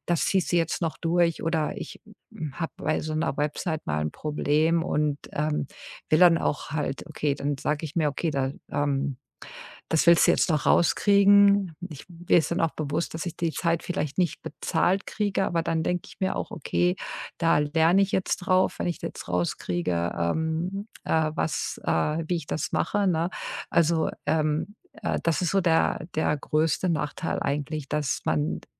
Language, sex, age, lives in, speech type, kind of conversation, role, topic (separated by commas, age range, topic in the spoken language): German, female, 50-54, United States, conversation, podcast, guest, Welche kleinen Schritte haben dir bei diesem Wechsel geholfen?